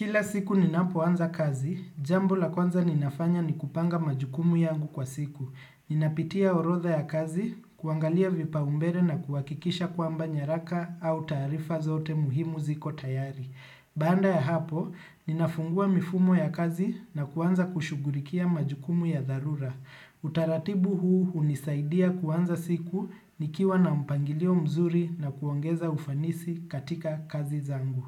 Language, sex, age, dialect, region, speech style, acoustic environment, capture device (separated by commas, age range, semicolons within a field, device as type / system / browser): Swahili, male, 25-34, Kenya - Nairobi Sheng-influenced, Kenya, happy; focused, nature; silence, desktop / Windows / Chrome